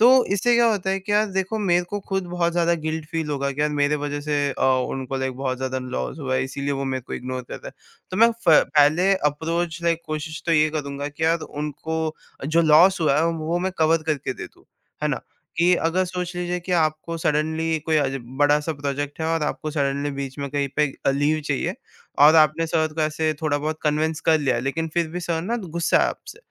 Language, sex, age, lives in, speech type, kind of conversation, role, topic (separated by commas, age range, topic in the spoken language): Hindi, male, 18-19, India, conversation, podcast, guest, Tum apne junior ya boss se baat karte waqt apni baat kaise rakhte ho?